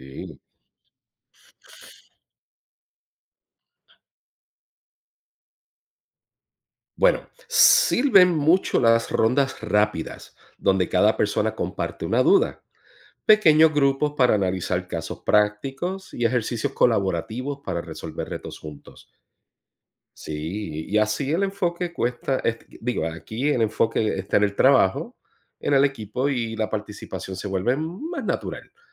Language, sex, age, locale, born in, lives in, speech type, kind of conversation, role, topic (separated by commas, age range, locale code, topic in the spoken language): Spanish, male, 45-49, es-US, Puerto Rico, United States, read, expert-assistant, expert, ¿Cómo puedo ganar confianza para participar y hacer preguntas en capacitaciones sobre tecnología agrícola?